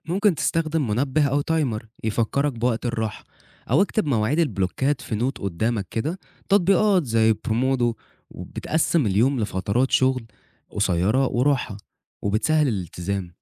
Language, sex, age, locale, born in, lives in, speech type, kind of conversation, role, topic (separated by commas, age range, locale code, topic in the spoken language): Arabic, male, 20-24, ar-EG, Egypt, Egypt, read, expert-assistant, expert, إيه الخطوات اللي ممكن أعملها عشان أعيش حياة صحية أكتر وسط زحمة الشغل وضغوط الحياة؟